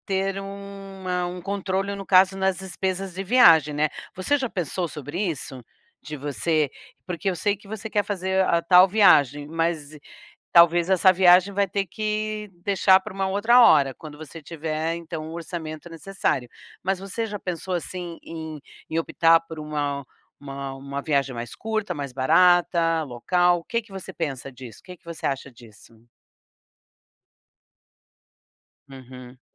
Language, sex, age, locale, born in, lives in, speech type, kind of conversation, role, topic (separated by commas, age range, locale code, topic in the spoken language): Portuguese, female, 60-64, pt-BR, Brazil, United States, conversation, advice, advisor, Como posso viajar mais mesmo com pouco dinheiro disponível?